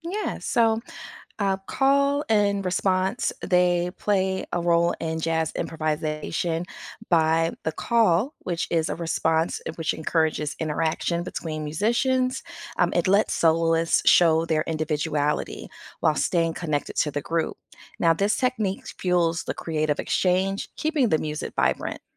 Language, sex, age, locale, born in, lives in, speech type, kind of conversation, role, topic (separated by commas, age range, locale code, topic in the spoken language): English, female, 40-44, en-US, United States, United States, read, expert-assistant, expert, What is the structure of a traditional jazz improvisation?